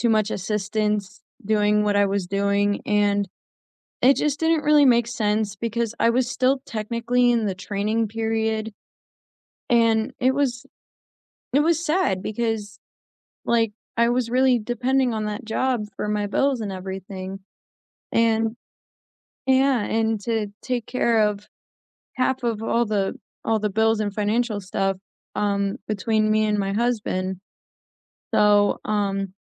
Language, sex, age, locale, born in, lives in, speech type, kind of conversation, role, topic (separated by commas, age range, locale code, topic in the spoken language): English, female, 20-24, en-US, United States, United States, conversation, unstructured, none, Have you ever been unfairly passed over for a promotion?